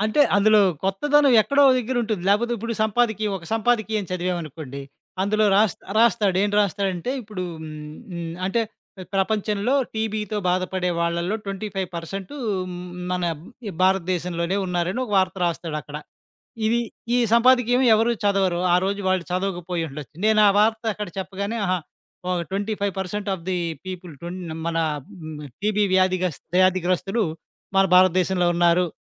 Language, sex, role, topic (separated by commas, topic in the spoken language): Telugu, male, guest, జీవితంలో విజయాన్ని మీరు ఎలా కొలుస్తారు?